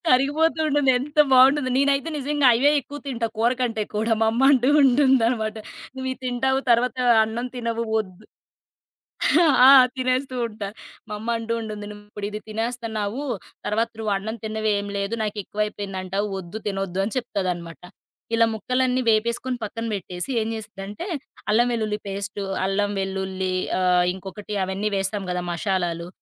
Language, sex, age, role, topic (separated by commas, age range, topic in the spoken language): Telugu, female, 30-34, guest, బాగా రుచిగా ఉండేందుకు సులభమైన చిట్కా ఏది?